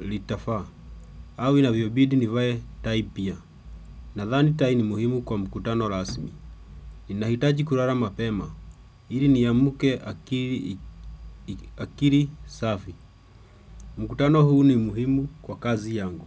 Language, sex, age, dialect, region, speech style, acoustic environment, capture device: Swahili, male, 25-34, Kenya - Nairobi Sheng-influenced, Kenya, happy, silence, desktop / Windows / Chrome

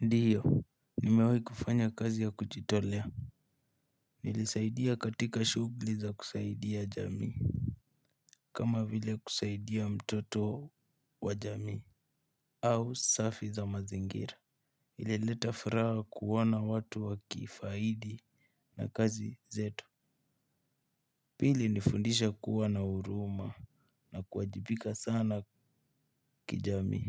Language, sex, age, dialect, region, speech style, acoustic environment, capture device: Swahili, male, 18-24, Kenya - Nairobi Sheng-influenced, Kenya, excited, nature, mobile / Linux / Chrome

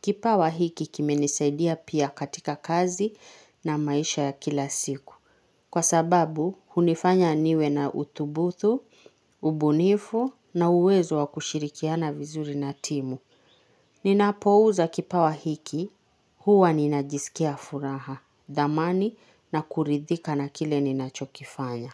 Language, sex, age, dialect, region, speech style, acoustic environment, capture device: Swahili, female, 25-34, Kenya - Nairobi Sheng-influenced, Kenya, happy, nature, mobile / Linux / Chrome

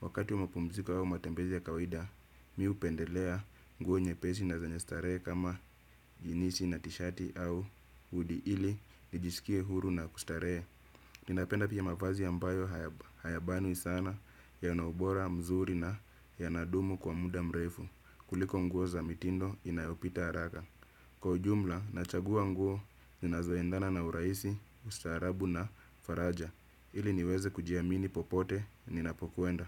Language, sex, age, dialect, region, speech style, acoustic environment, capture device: Swahili, male, 25-34, Kenya - Nairobi Sheng-influenced, Kenya, happy; relaxed; focused; excited, nature; silence, desktop / Windows / Chrome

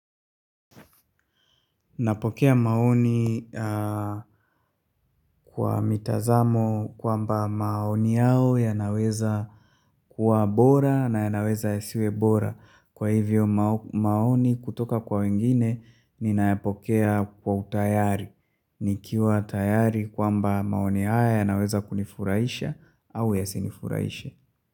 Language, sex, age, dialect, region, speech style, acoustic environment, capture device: Swahili, male, 25-34, Tanzania - Dar es Salaam, Tanzania, tired, silence, mobile / Linux / Chrome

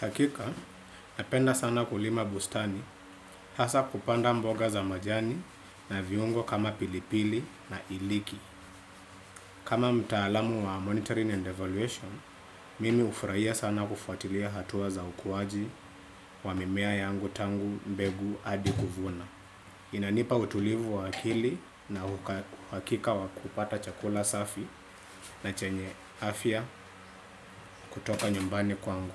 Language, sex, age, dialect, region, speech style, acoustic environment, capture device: Swahili, male, 25-34, Kenya - Nairobi Sheng-influenced, Kenya, relaxed, silence; appliances, desktop / Windows / Chrome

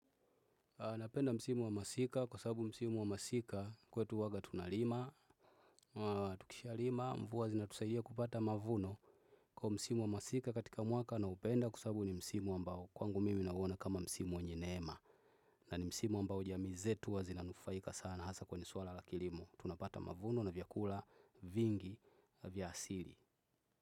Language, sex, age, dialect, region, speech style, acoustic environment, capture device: Swahili, male, 25-34, Tanzania - Dar es Salaam, Tanzania, focused, nature, desktop / Windows / Chrome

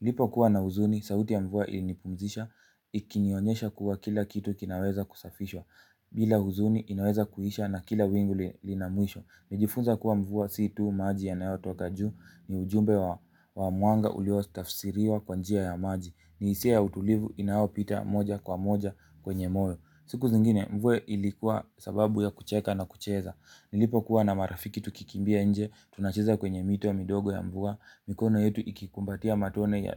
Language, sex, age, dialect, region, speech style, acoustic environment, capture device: Swahili, male, 18-24, Kenya - Mombasa, Kenya, focused, nature, mobile / Linux / Chrome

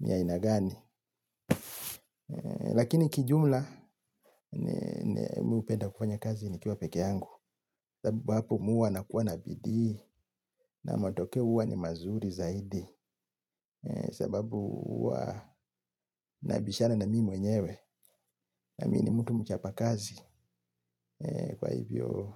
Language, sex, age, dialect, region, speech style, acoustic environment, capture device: Swahili, male, 35-44, Kenya - Mombasa, Kenya, happy, traffic, mobile / Linux / Chrome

